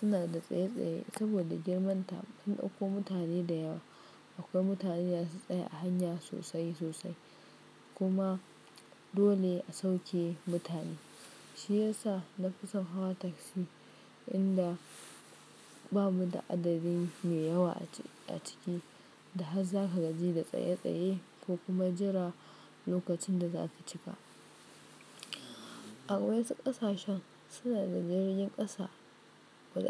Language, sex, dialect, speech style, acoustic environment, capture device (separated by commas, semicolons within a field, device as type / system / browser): Hausa, female, Nigeria - Kano, focused, nature, mobile / Linux / Chrome